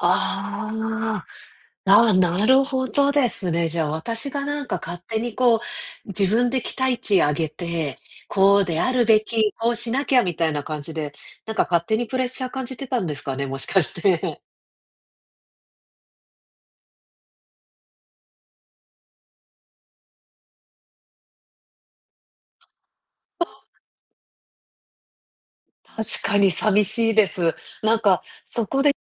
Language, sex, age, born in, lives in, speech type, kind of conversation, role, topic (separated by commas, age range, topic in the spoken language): Japanese, female, 35-39, Japan, United States, conversation, advice, user, 家族の文化的な期待と自分の気持ちは、どうすれば両立できますか？